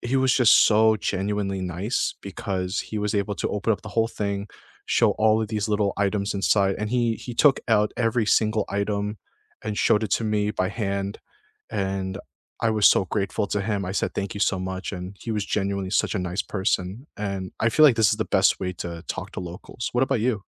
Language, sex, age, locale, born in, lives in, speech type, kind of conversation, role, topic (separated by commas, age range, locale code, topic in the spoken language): English, male, 25-29, en-US, United States, United States, conversation, unstructured, none, What is the best way to meet locals when you travel?